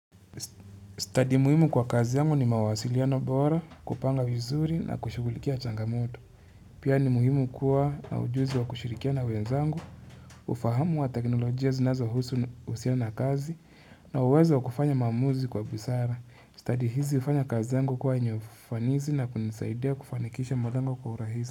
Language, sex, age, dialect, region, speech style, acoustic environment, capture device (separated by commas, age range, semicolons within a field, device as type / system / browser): Swahili, male, 25-34, Kenya - Nairobi Sheng-influenced, Kenya, relaxed, nature, mobile / Linux / Chrome